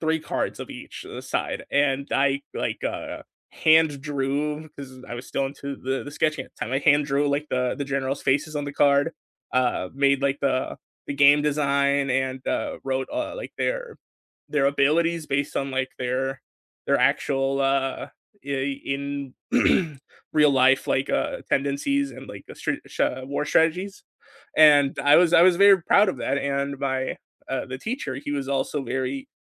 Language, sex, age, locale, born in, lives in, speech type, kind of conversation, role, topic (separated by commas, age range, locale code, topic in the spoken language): English, male, 20-24, en-US, United States, United States, conversation, unstructured, none, Which class project are you proudest of, what did it teach you, and why does it still matter to you?